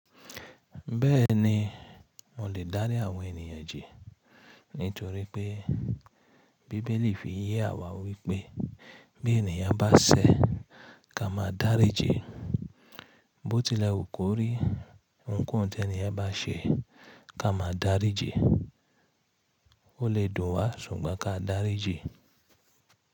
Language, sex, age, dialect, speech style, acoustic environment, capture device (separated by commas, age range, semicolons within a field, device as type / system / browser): Yoruba, female, 25-34, Nigeria - Ibadan, relaxed, nature, mobile / Linux / Chrome